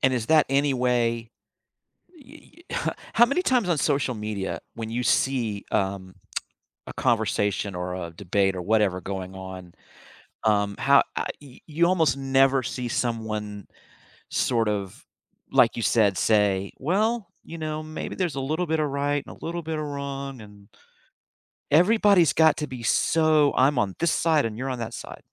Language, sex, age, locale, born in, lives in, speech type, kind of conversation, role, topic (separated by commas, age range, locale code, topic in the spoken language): English, male, 55-59, en-US, United States, United States, conversation, podcast, host, Why is truly listening important when trying to resolve conflicts?